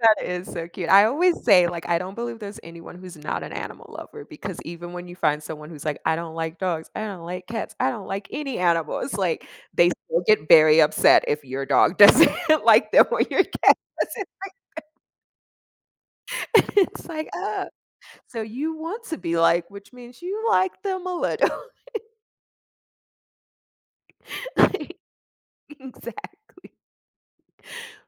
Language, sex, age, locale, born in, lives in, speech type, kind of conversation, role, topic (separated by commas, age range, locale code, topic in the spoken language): English, female, 30-34, en-US, United States, United States, conversation, unstructured, none, How do animals impact our emotions and well-being?